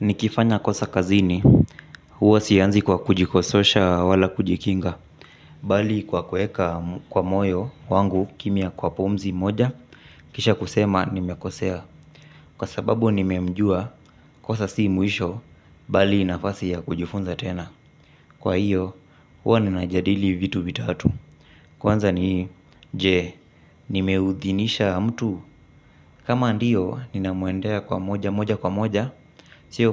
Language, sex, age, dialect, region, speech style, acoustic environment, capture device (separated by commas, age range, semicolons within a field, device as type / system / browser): Swahili, male, 25-34, Kenya - Mombasa, Kenya, relaxed, silence; appliances; traffic, mobile / Linux / Chrome